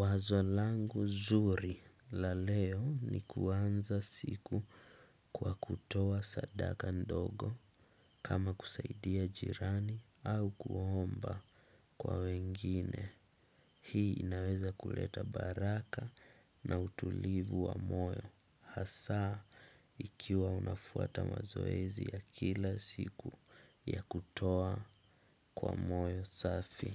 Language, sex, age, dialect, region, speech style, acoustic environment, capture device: Swahili, male, 18-24, Kenya - Mombasa, Kenya, relaxed, silence, desktop / Windows / Chrome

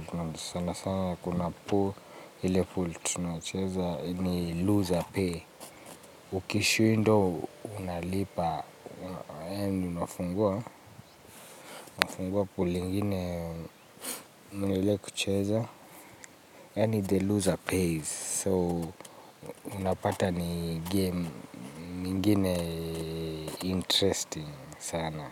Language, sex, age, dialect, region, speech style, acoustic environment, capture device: Swahili, male, 25-34, Kenya - Nairobi Sheng-influenced, Kenya, relaxed, nature, mobile / Linux / Chrome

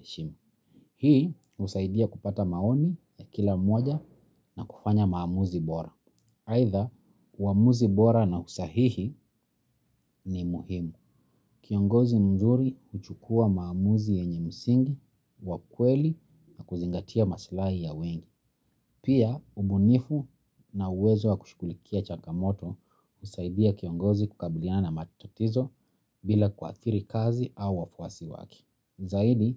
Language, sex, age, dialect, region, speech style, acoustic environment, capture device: Swahili, male, 25-34, Kenya - Nairobi Sheng-influenced, Kenya, relaxed, silence, mobile / Linux / Chrome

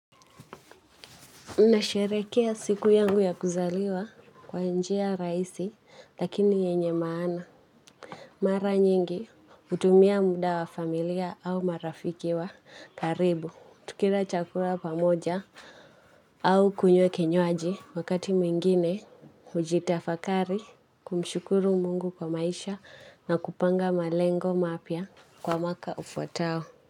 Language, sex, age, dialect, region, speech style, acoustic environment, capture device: Swahili, female, 18-24, Kenya - Nairobi Sheng-influenced, Kenya, anxious; excited; nervous, people talking; nature, mobile / Linux / Chrome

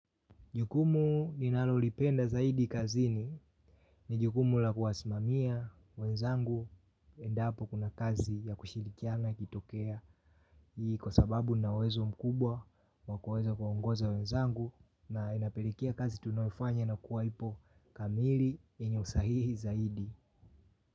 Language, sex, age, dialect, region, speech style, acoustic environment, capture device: Swahili, male, 18-24, Tanzania - Dar es Salaam, Tanzania, happy; relaxed, people talking; silence, mobile / Linux / Chrome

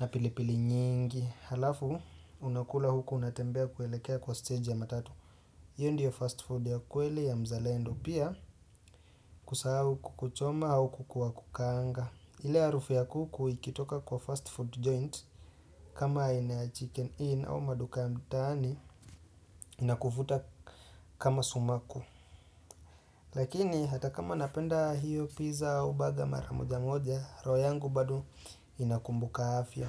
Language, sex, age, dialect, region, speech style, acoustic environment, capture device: Swahili, male, 25-34, Kenya - Nairobi Sheng-influenced, Kenya, happy; relaxed; excited, music; silence; appliances, desktop / Windows / Chrome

